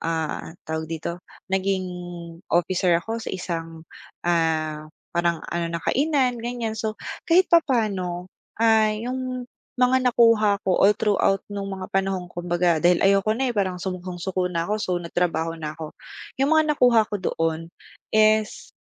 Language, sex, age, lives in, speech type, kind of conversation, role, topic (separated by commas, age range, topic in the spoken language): Filipino, female, 25-29, Philippines, conversation, podcast, guest, Naranasan mo na bang ma-reject pero nauwi sa biyaya ang pangyayari?